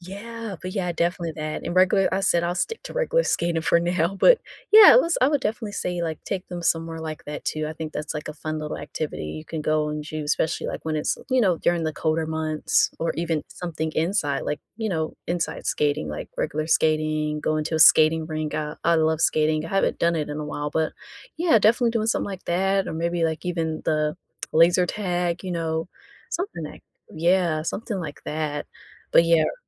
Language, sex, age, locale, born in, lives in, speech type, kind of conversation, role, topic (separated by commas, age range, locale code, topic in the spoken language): English, female, 25-29, en-US, United States, United States, conversation, unstructured, none, Where would you take your friends first if they visited tomorrow?